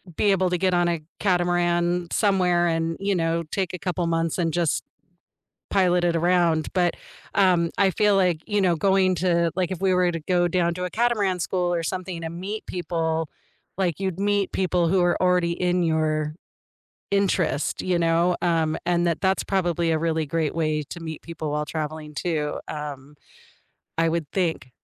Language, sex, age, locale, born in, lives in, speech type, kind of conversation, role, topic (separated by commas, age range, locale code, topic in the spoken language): English, female, 45-49, en-US, United States, United States, conversation, unstructured, none, What’s the best way to meet new people while traveling?